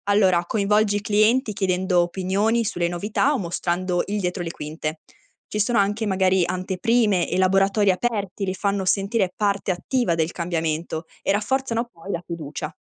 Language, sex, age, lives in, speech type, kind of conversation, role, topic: Italian, female, 20-24, Italy, read, expert-assistant, expert, Come si può mantenere viva una tradizione artigianale locale quando i giovani sembrano meno interessati?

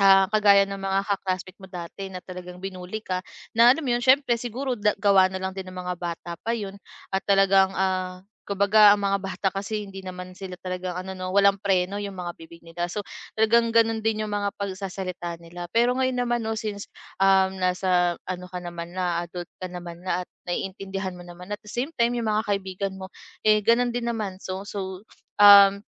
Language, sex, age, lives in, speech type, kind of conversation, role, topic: Filipino, female, 25-29, Philippines, conversation, advice, advisor, Paano ko mababawasan ang takot ko sa paghuhusga ng iba?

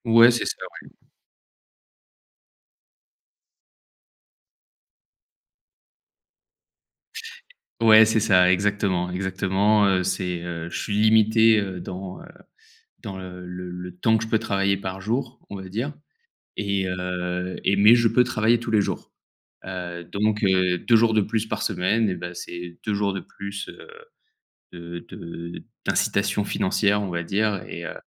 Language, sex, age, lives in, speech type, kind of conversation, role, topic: French, male, 30-34, France, conversation, podcast, guest, Comment restes-tu motivé quand tu es bloqué ?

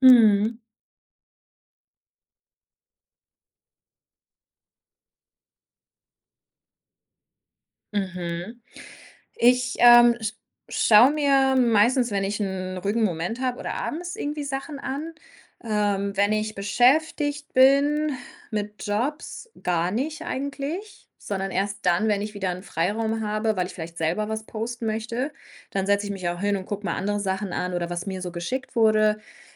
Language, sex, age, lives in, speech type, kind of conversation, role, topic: German, female, 30-34, Germany, conversation, podcast, guest, Wie setzt du Grenzen, damit du nicht ständig erreichbar sein musst?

